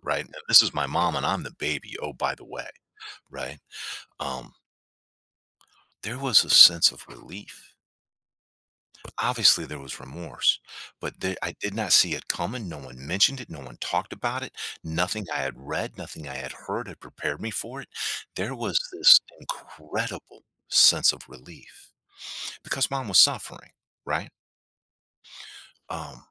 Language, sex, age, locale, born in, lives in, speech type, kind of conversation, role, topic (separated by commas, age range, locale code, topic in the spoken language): English, male, 55-59, en-US, United States, United States, conversation, podcast, host, How do people create a sense of purpose or understanding after experiencing loss?